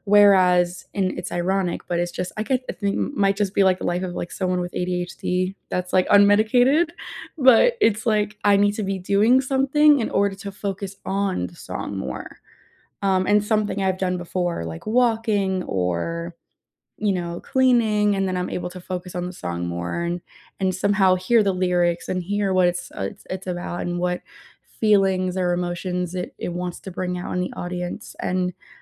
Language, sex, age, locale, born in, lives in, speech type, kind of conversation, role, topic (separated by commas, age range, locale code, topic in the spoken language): English, female, 20-24, en-US, United States, United States, conversation, unstructured, none, What helps you unwind after a busy day, and how can we relax together?